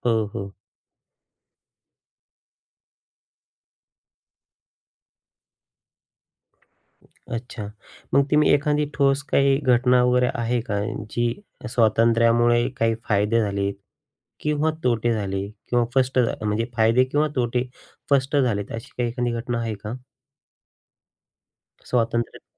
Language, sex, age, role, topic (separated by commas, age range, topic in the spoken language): Marathi, male, 35-39, host, लहानपणी आईवडिलांनी तुम्हाला किती स्वातंत्र्य दिलं, आणि त्याचा तुमच्यावर काय परिणाम झाला?